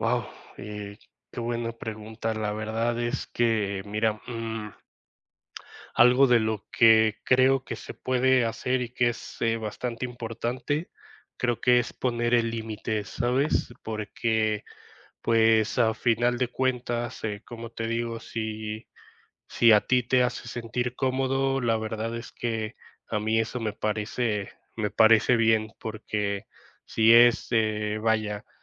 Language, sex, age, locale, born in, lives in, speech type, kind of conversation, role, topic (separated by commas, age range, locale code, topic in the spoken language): Spanish, male, 30-34, es-MX, Mexico, Mexico, conversation, podcast, guest, ¿Cómo manejas la presión de los demás sobre cómo te vistes?